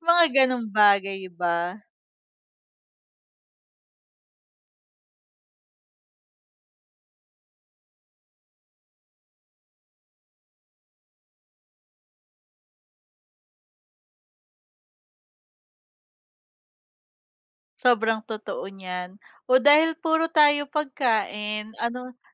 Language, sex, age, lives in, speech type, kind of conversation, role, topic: Filipino, female, 30-34, Philippines, conversation, unstructured, none, Sa palagay mo, paano natural na magbabago ang ating mga paboritong pagkain sa paglipas ng panahon?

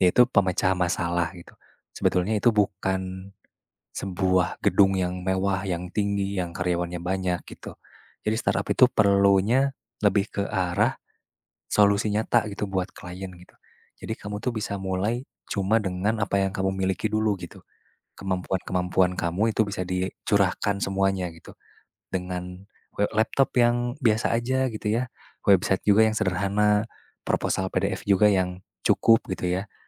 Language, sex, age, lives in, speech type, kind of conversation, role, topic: Indonesian, male, 20-24, Indonesia, conversation, advice, advisor, Apakah saya sebaiknya berhenti dari pekerjaan tetap untuk mendirikan perusahaan rintisan?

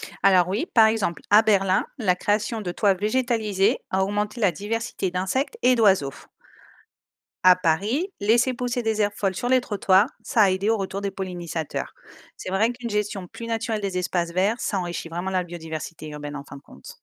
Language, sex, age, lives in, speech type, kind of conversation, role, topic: French, female, 35-39, Spain, read, expert-assistant, expert, Quand on parle d’écosystèmes, on inclut quoi exactement ? Les humains en font-ils partie ou pas ?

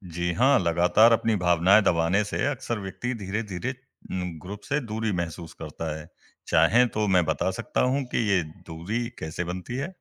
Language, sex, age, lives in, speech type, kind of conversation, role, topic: Hindi, male, 20-24, India, read, expert-assistant, expert, समाज में लोग अपने-अपने समूह क्यों बनाते हैं और इससे उनके जीवन पर क्या असर पड़ता है?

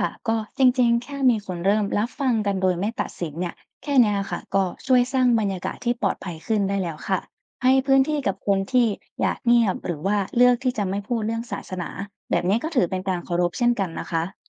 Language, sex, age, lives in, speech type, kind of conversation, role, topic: Thai, female, 20-24, Thailand, read, expert-assistant, expert, การมีเพื่อนต่างศาสนาในชีวิตประจำวัน มีข้อดีข้อเสียอะไรที่คนไทยควรพิจารณา?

